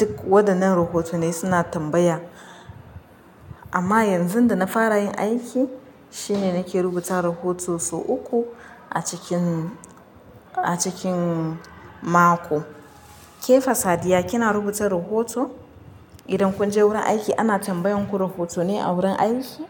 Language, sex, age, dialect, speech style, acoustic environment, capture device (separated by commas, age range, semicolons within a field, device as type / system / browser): Hausa, female, 25-34, Nigeria - Nigerian Standard, relaxed, silence, mobile / Linux / Chrome